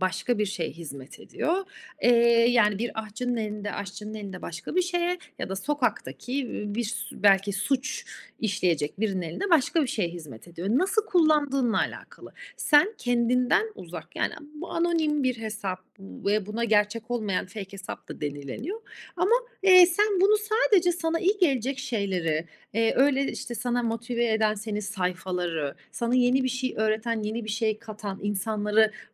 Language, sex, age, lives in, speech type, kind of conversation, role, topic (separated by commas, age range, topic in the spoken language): Turkish, female, 35-39, Italy, conversation, advice, advisor, Sosyal medyada kendini başkalarıyla kıyasladığında hayatın sana anlamsız geliyor mu?